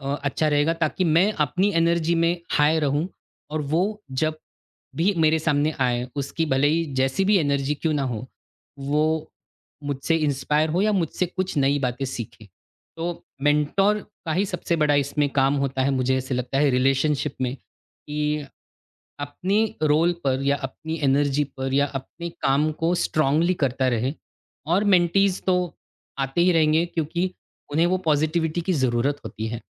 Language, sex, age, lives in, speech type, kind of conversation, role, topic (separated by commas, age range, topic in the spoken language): Hindi, male, 40-44, India, conversation, podcast, guest, Mentor-mentee relationship ko kaise maintain karte ho?